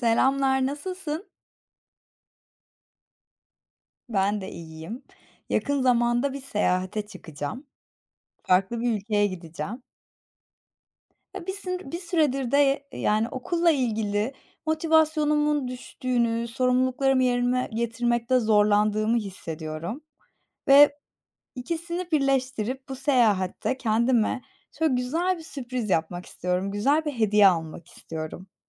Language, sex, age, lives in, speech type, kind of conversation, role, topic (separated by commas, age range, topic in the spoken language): Turkish, female, 25-29, Germany, conversation, unstructured, none, En son yaşadığın küçük mutluluk neydi?